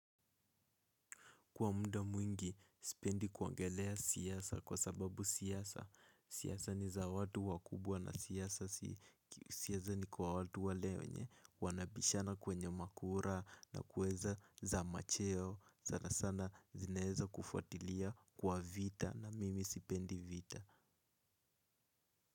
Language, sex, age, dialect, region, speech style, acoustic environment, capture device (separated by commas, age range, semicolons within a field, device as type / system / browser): Swahili, male, 18-24, Kenya - Nairobi Sheng-influenced, Kenya, nervous; excited; focused; relaxed, silence, mobile / Linux / Chrome